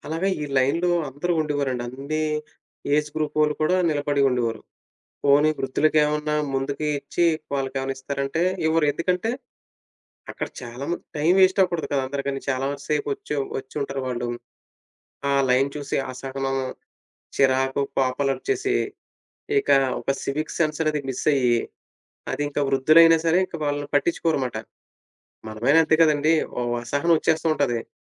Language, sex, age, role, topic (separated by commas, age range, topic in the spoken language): Telugu, male, 30-34, guest, భవిష్యత్తులో సాంకేతిక పరిజ్ఞానం వల్ల వృద్ధుల జీవన నాణ్యత ఏ విధంగా మెరుగవుతుందని మీరు అనుకుంటున్నారు?